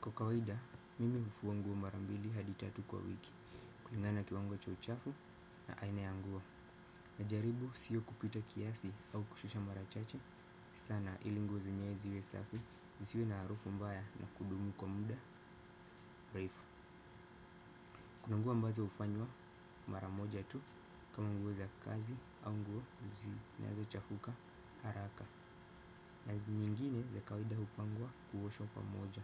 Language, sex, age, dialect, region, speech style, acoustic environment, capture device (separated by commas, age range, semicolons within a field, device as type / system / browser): Swahili, male, 18-24, Kenya - Mombasa, Kenya, happy, silence, desktop / Windows / Firefox